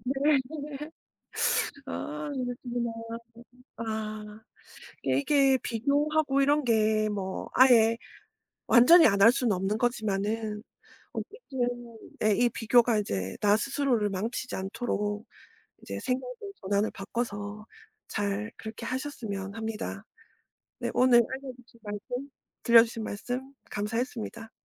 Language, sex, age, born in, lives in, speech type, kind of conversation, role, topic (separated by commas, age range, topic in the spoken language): Korean, female, 40-44, South Korea, South Korea, conversation, podcast, host, 다른 사람과 비교하지 않으려면 어떻게 해야 하나요?